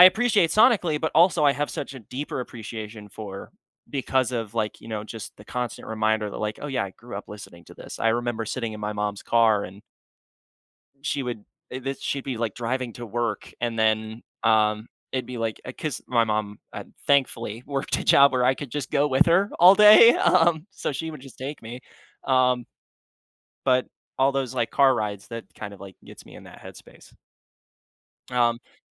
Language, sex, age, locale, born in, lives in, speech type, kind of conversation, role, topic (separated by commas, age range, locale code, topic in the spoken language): English, male, 20-24, en-US, United States, United States, conversation, podcast, host, How do friendships shape the way we discover and enjoy music?